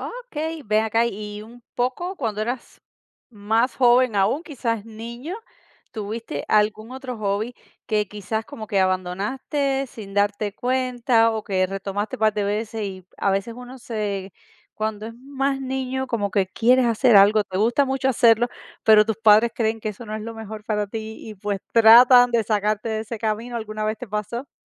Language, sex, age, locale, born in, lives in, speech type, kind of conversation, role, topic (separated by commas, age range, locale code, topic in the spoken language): Spanish, female, 40-44, es-CU, Cuba, United States, conversation, podcast, host, ¿Qué te impidió retomar tu pasatiempo antes y cómo lo superaste?